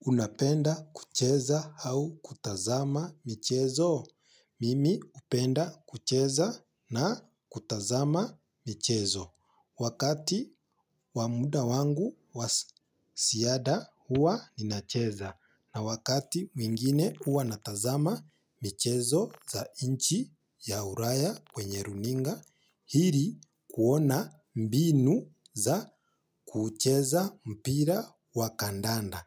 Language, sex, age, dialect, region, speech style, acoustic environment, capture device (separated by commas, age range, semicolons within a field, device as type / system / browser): Swahili, male, 25-34, Kenya - Nairobi Sheng-influenced, Kenya, excited, silence, mobile / Linux / Chrome